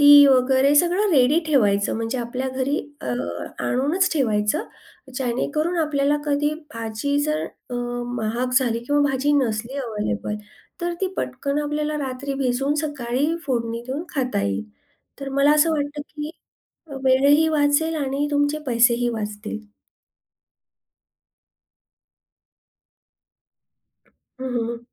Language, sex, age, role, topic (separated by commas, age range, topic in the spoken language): Marathi, female, 40-44, guest, पैशाची तंगी असताना चवदार जेवण कसे बनवाल?